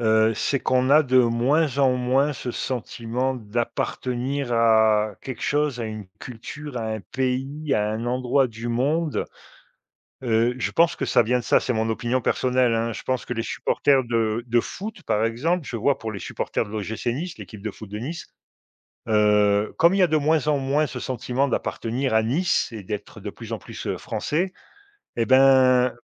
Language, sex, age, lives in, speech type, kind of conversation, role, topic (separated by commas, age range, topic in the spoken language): French, male, 50-54, Portugal, conversation, unstructured, none, Qu’est-ce qui te rend heureux lorsque tu participes à un événement collectif ?